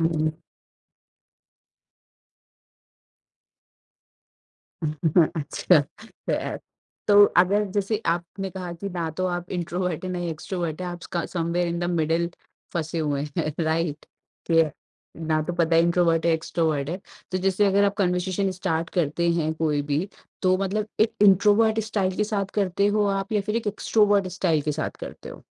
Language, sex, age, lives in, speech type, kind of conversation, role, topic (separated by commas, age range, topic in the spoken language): Hindi, female, 30-34, India, conversation, podcast, host, Aapka conversation shuru karne ka sabse pasandida tareeka kya hota hai?